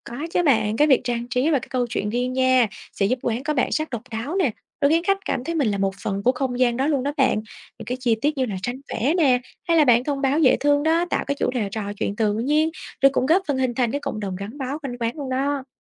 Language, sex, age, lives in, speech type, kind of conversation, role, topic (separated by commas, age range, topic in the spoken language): Vietnamese, female, 25-29, Vietnam, read, expert-assistant, expert, Bạn có thể lấy ví dụ về cách các quán cà phê ở thành phố giống như “phòng khách” chung của cộng đồng không?